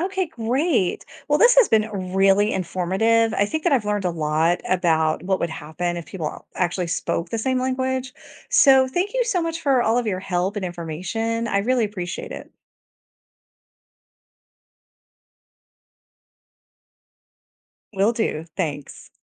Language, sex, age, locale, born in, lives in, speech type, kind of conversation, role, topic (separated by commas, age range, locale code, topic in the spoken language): English, female, 45-49, en-US, United States, United States, read, expert-assistant, asker, What could happen to the way people speak if everyone in the world suddenly spoke the same language?